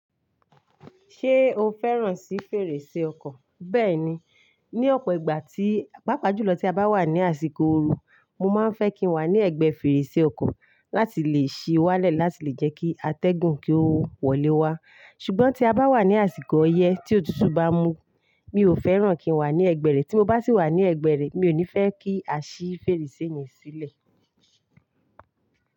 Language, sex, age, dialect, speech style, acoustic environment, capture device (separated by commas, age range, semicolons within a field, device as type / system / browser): Yoruba, female, 35-44, Nigeria - Ibadan, focused, silence, mobile / Linux / Chrome